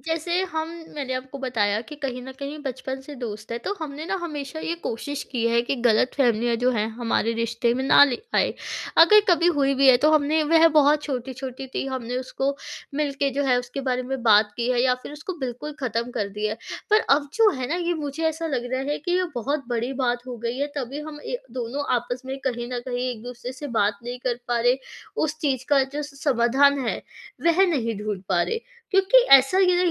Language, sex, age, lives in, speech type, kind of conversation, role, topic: Hindi, female, 20-24, India, conversation, advice, user, गलतफहमी के कारण दोस्ती में आई दरार को कैसे ठीक करूँ?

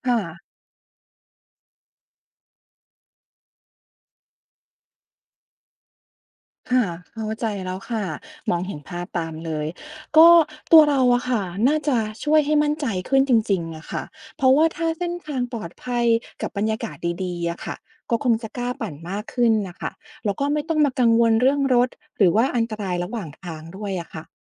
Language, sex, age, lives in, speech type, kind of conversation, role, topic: Thai, female, 40-44, United States, read, expert-assistant, asker, ระหว่างขยายขนส่งสาธารณะกับส่งเสริมจักรยาน อะไรเปลี่ยนชีวิตคนกรุงเทพฯ ได้มากกว่ากัน?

